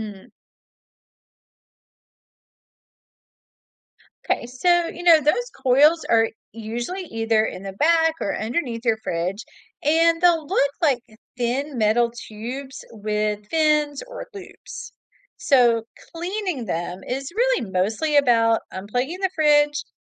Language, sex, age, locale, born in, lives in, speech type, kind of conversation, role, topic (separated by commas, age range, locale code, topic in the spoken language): English, female, 45-49, en-US, United States, United States, read, expert-assistant, expert, How can you keep your refrigerator running efficiently and your food safe?